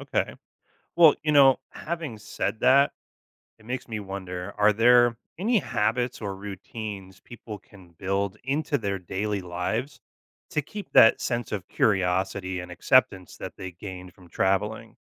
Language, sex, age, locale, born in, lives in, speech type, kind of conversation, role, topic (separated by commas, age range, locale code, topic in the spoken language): English, male, 35-39, en-US, United States, United States, read, expert-assistant, asker, How does travel to other cultures increase tolerance?